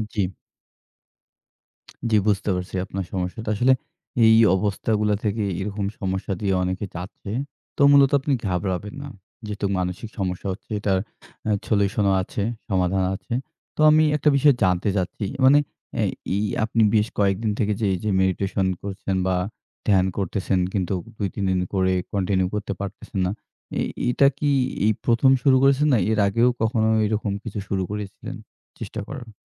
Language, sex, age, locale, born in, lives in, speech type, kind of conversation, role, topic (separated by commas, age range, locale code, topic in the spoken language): Bengali, male, 25-29, bn-BD, Bangladesh, Bangladesh, conversation, advice, advisor, মানসিক চাপ কমাতে নিয়মিত ধ্যান বা বিশ্রাম করতে পারছেন না কেন?